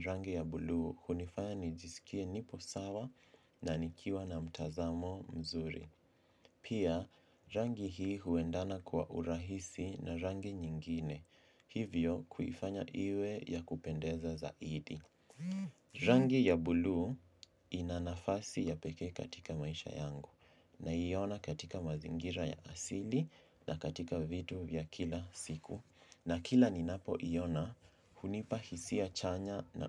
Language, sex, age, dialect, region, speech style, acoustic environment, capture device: Swahili, male, 18-24, Kenya - Mombasa, Kenya, relaxed, silence, mobile / Linux / Chrome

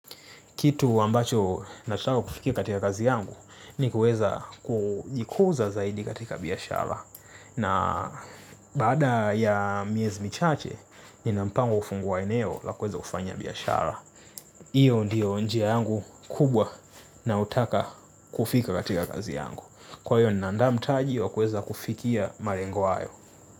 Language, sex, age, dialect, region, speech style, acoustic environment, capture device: Swahili, male, 25-34, Tanzania - Dar es Salaam, Tanzania, tired, silence, mobile / Linux / Chrome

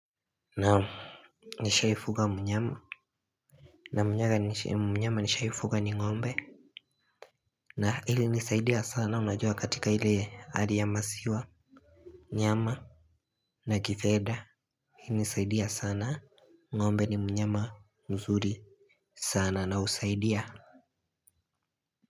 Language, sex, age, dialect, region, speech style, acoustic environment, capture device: Swahili, male, 18-24, Kenya - Nairobi Sheng-influenced, Kenya, relaxed, people talking, mobile / Linux / Chrome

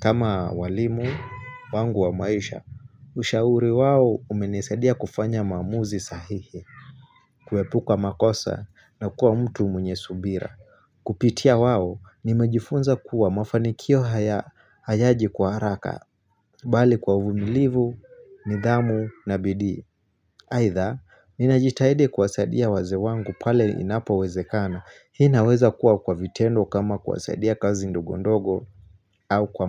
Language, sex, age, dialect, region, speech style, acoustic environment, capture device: Swahili, male, 25-34, Kenya - Mombasa, Kenya, focused, nature; silence, mobile / Linux / Chrome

